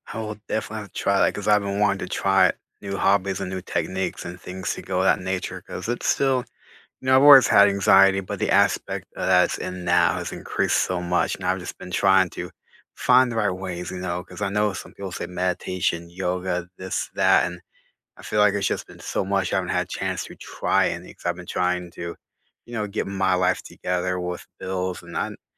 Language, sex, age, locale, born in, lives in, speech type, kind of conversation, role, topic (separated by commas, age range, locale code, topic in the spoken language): English, male, 30-34, en-US, United States, United States, conversation, unstructured, none, What hobbies have you discovered through a show, movie, or podcast?